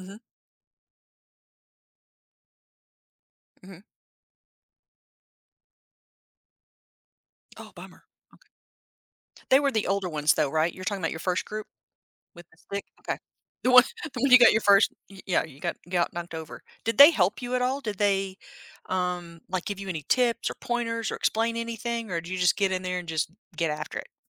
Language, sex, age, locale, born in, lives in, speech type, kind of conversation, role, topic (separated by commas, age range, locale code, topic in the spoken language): English, female, 50-54, en-US, United States, United States, conversation, podcast, host, How do happy childhood memories continue to shape us as adults?